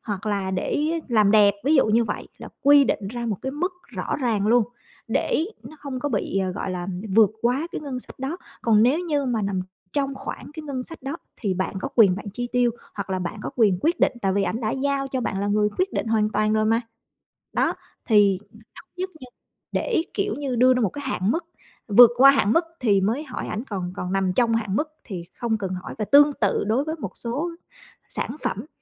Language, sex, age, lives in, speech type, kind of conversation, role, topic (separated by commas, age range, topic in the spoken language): Vietnamese, female, 35-39, Vietnam, conversation, advice, advisor, Làm thế nào để giải quyết mâu thuẫn vì khác biệt trong cách chi tiêu với bạn đời?